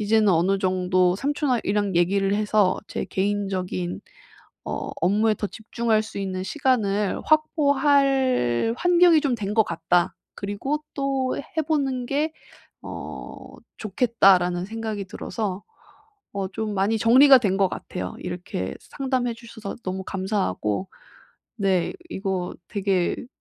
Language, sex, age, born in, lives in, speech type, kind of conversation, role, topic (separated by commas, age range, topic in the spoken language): Korean, female, 30-34, South Korea, Japan, conversation, advice, user, 깊은 집중 상태에 더 오래 들어가려면 어떻게 해야 하나요?